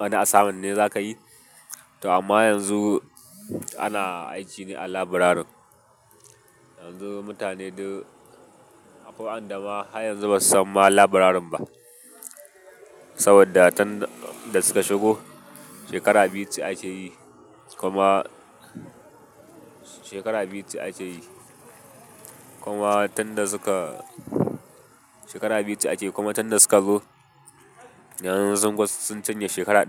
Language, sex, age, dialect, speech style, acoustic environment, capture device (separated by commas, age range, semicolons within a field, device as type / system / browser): Hausa, male, 18-24, Nigeria - Katsina, happy, people talking, mobile / Linux / Chrome